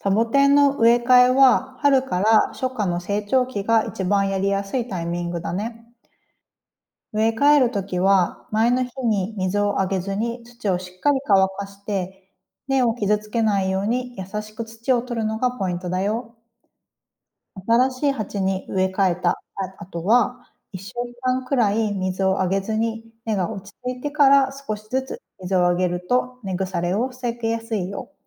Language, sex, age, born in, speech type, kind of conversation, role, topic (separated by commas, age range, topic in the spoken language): Japanese, female, 35-39, Japan, read, expert-assistant, expert, どうしてサボテンは乾燥した場所でも生きられるのでしょうか？